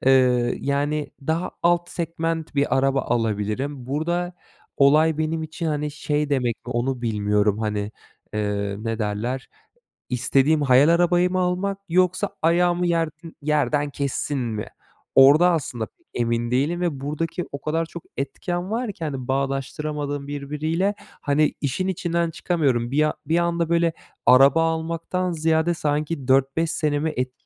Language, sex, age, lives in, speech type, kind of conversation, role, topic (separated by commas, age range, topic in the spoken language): Turkish, male, 25-29, Germany, conversation, advice, user, Büyük kararlar verirken yaşadığınız aşırı kaygı ve kararsızlığı nasıl tarif edersiniz?